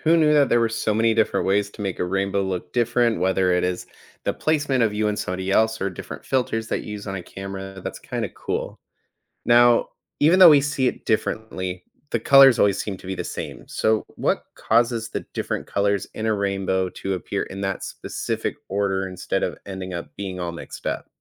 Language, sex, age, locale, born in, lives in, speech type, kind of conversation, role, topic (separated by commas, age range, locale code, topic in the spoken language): English, male, 30-34, en-US, United States, United States, read, expert-assistant, asker, How do rainbows form in the sky?